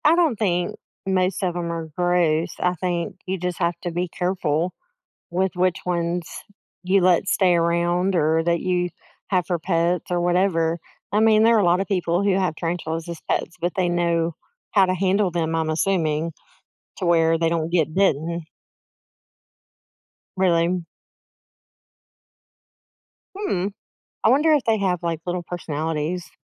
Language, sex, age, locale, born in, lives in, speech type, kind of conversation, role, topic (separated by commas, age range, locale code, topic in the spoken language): English, female, 45-49, en-US, United States, United States, conversation, advice, advisor, How can I acknowledge and build on my pride after overcoming a personal fear?